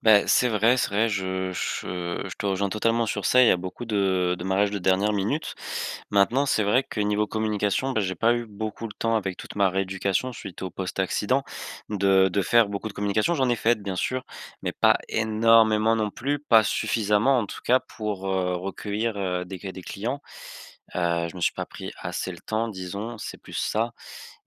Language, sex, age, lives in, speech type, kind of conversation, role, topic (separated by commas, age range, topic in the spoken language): French, male, 20-24, France, conversation, advice, user, Comment puis-je gérer l’incertitude financière et le risque dans mon entreprise ?